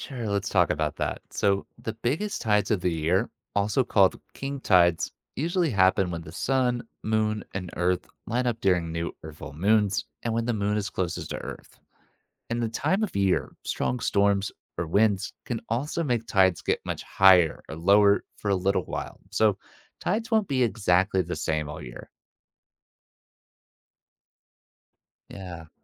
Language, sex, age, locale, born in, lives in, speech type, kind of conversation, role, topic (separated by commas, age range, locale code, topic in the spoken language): English, male, 25-29, en-US, United States, United States, read, expert-assistant, expert, How do tides affect the oceans and coastal life?